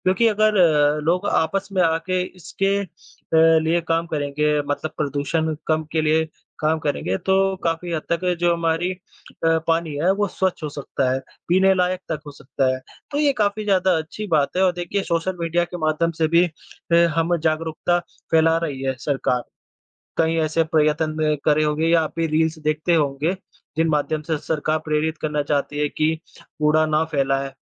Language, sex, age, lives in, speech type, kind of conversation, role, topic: Hindi, female, 25-29, India, conversation, unstructured, none, नदियों में गंदगी क्यों बढ़ रही है?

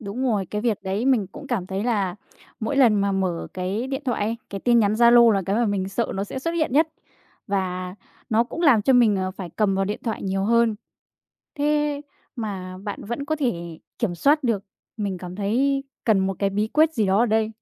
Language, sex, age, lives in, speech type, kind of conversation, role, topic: Vietnamese, female, 20-24, Vietnam, conversation, podcast, host, Bạn thường quản lý thời gian sử dụng màn hình như thế nào?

